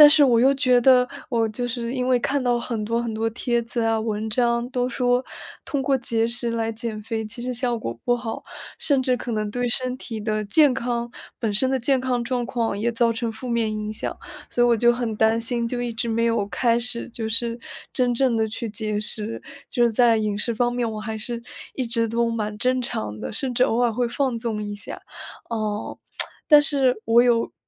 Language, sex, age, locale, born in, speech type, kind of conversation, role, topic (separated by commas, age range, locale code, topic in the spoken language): Chinese, female, 20-24, zh-CN, China, conversation, advice, user, 我想健康减重，但对节食方法和长期可行性拿不定主意，该怎么办？